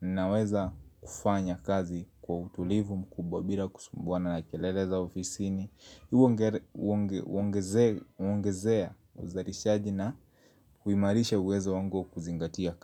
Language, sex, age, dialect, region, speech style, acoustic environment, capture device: Swahili, male, 25-34, Kenya - Nairobi Sheng-influenced, Kenya, happy; focused, silence; nature, mobile / Linux / Chrome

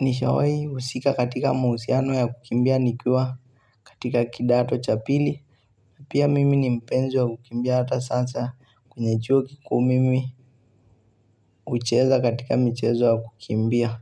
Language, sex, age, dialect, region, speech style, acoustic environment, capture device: Swahili, male, 18-24, Kenya - Mombasa, Kenya, relaxed, silence, mobile / Linux / Chrome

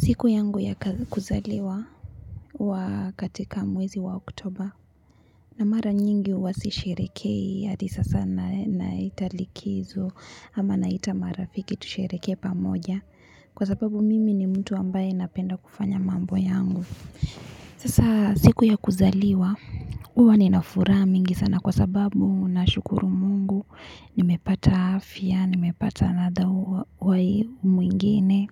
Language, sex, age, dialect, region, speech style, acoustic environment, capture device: Swahili, female, 25-34, Kenya - Nairobi Sheng-influenced, Kenya, relaxed, people talking; nature, mobile / Linux / Chrome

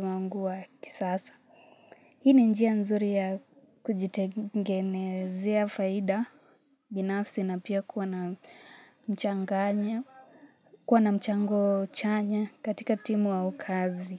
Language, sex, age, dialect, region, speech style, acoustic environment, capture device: Swahili, female, 18-24, Kenya - Nairobi Sheng-influenced, Kenya, relaxed, nature, mobile / Linux / Chrome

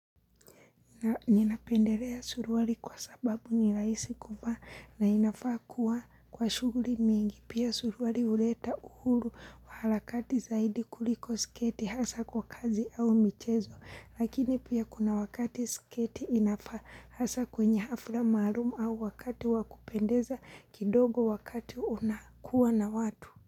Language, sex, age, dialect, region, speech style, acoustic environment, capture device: Swahili, female, 25-34, Kenya - Mombasa, Kenya, happy; relaxed; focused, people talking; silence; appliances, mobile / Linux / Chrome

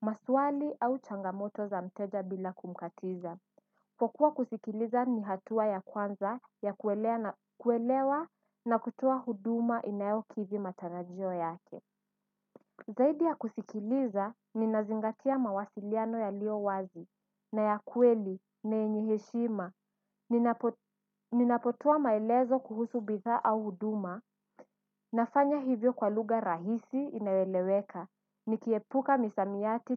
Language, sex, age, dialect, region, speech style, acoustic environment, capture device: Swahili, female, 18-24, Kenya - Mombasa, Kenya, focused, nature, desktop / Windows / Edge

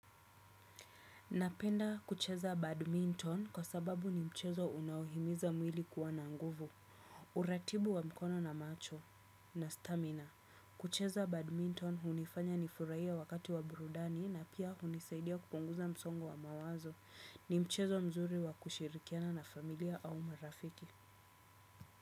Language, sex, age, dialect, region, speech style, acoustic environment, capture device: Swahili, female, 25-34, Kenya - Nairobi Sheng-influenced, Kenya, relaxed, silence, desktop / Windows / Chrome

